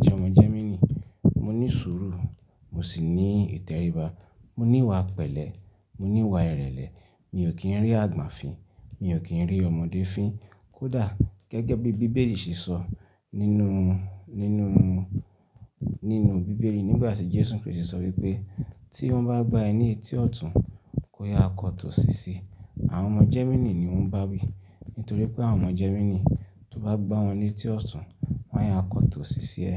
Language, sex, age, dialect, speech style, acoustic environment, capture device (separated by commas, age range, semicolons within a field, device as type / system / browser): Yoruba, male, 25-34, Nigeria - Ibadan, happy; relaxed; excited; focused, silence; nature, desktop / Linux / Chrome